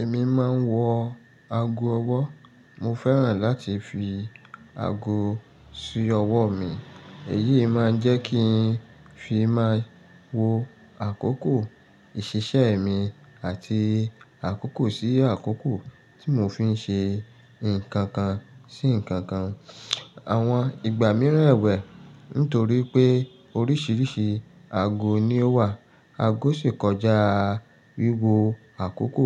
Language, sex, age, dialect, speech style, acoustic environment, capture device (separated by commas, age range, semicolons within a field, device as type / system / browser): Yoruba, male, 35-44, Nigeria - Lagos Yoruba, focused, nature; silence; traffic, mobile / Linux / Chrome